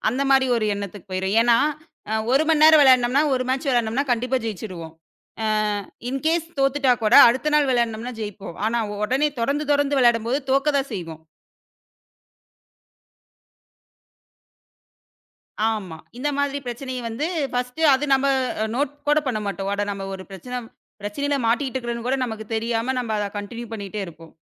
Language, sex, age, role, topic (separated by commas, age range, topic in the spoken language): Tamil, female, 25-29, guest, திரை முன் செலவிடும் நேரத்தை சமநிலைப்படுத்த எந்த வழிகளைப் பயன்படுத்தலாம்?